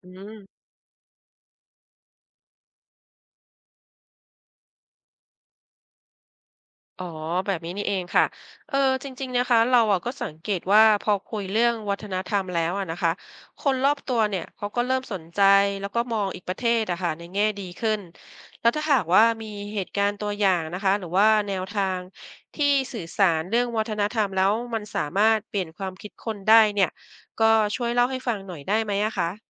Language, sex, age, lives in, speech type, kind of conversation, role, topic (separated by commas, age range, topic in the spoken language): Thai, female, 50-54, Thailand, read, expert-assistant, asker, เราจะใช้กิจกรรมวัฒนธรรมช่วยสร้างความเข้าใจระหว่างคนต่างชาติได้อย่างไร?